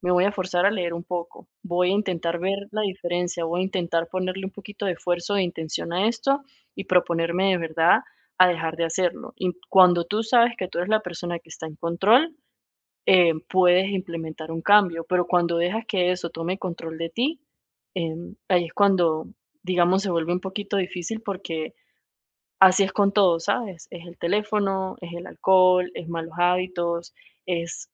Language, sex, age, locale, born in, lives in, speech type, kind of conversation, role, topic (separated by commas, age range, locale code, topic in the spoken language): Spanish, female, 30-34, es-VE, Venezuela, United States, conversation, advice, advisor, ¿Por qué me cuesta reemplazar un mal hábito por uno positivo?